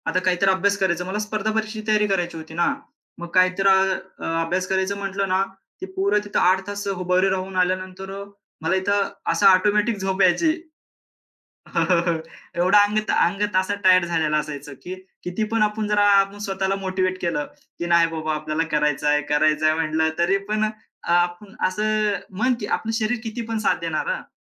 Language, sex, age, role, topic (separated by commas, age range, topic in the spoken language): Marathi, male, 25-29, guest, एखाद्या अजनबीने दिलेला कोणता सल्ला तुम्हाला उपयोगी पडला होता?